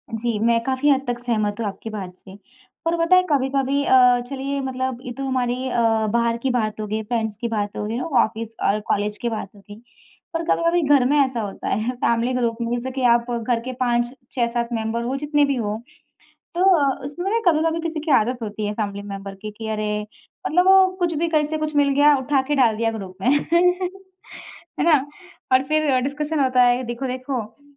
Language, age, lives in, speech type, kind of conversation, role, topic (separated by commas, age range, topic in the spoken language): Hindi, 25-29, India, conversation, podcast, host, Group chats ko manage karne ke liye tum kaunse rules banate ho?